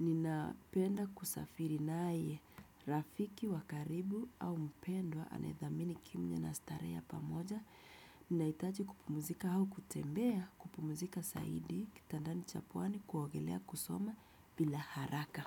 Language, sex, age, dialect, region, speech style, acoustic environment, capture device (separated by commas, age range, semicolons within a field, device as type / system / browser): Swahili, female, 25-34, Kenya - Nairobi Sheng-influenced, Kenya, happy; relaxed; stressed, people talking; music; nature; appliances, desktop / Windows / Chrome